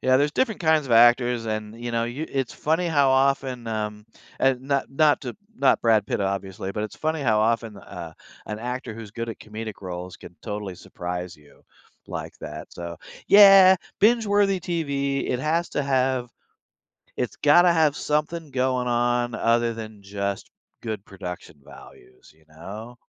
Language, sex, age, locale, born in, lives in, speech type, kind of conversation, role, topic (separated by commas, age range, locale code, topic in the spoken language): English, male, 60-64, en-US, United States, United States, conversation, podcast, guest, What storytelling techniques and show formats make viewers want to keep watching episode after episode?